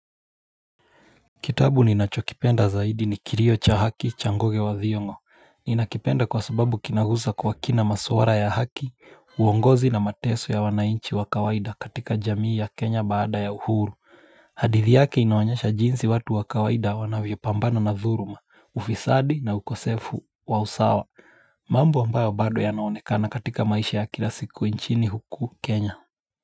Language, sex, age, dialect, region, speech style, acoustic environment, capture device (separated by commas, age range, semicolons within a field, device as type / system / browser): Swahili, male, 25-34, Kenya - Mombasa, Kenya, relaxed, nature, mobile / Linux / Chrome